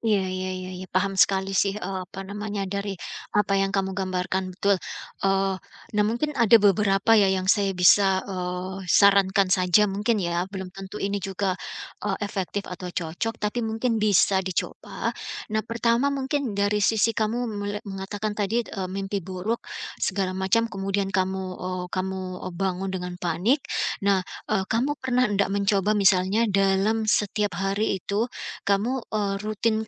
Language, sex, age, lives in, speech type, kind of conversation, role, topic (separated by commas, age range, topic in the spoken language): Indonesian, female, 45-49, United States, conversation, advice, advisor, Mengapa saya sering terbangun di tengah malam karena mimpi buruk atau panik?